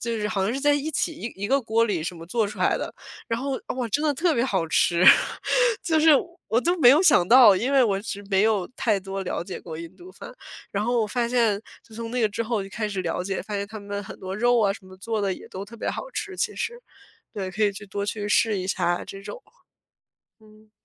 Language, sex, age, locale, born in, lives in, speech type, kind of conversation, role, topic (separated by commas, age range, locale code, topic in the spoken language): Chinese, female, 25-29, zh-CN, China, United States, conversation, unstructured, none, 你尝试过外国的特色美食吗？感觉怎么样？